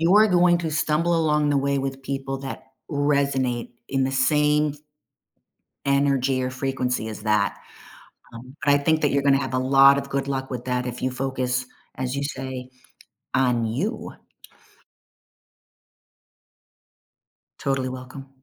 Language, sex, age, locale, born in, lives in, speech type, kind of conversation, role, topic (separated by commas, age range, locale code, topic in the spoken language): English, female, 50-54, en-US, United States, United States, conversation, advice, advisor, How can I cope with loneliness and start reconnecting after a breakup?